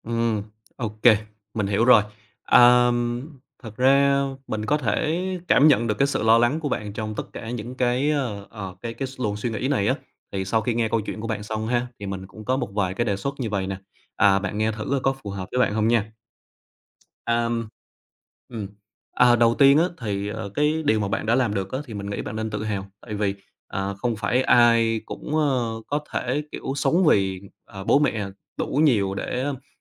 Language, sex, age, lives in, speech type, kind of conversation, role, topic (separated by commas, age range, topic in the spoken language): Vietnamese, male, 25-29, Vietnam, conversation, advice, advisor, Làm thế nào để cân nhắc đổi nghề sau nhiều năm làm một công việc?